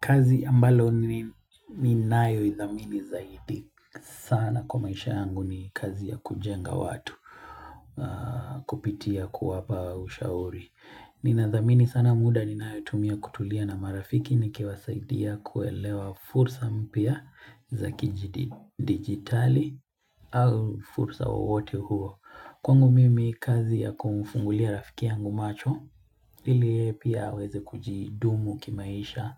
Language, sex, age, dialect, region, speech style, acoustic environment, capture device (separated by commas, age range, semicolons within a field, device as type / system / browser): Swahili, male, 25-34, Kenya - Mombasa, Kenya, relaxed, nature, mobile / Linux / Chrome